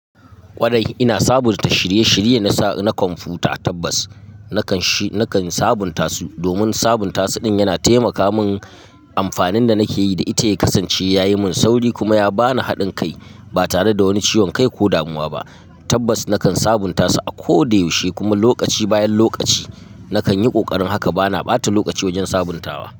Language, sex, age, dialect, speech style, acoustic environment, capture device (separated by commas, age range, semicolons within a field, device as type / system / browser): Hausa, male, 25-34, Nigeria - Katsina, happy, silence, mobile / Linux / Chrome